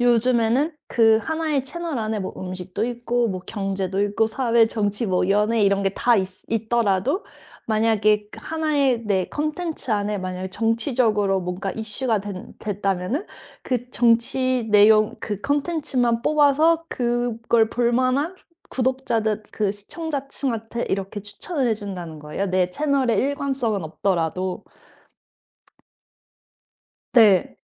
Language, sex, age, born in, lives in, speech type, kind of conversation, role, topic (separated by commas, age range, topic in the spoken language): Korean, female, 35-39, South Korea, United States, conversation, podcast, guest, 인플루언서로 성공하려면 가장 중요한 건 무엇이라고 보시나요?